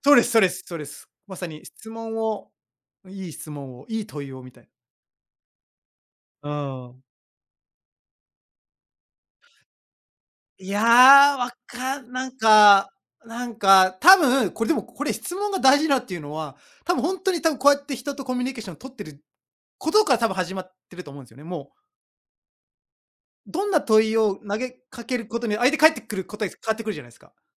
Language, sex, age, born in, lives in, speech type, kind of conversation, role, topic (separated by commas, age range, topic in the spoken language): Japanese, male, 35-39, Japan, Japan, conversation, unstructured, none, 最近のスマートフォンで一番便利だと思う機能は何ですか？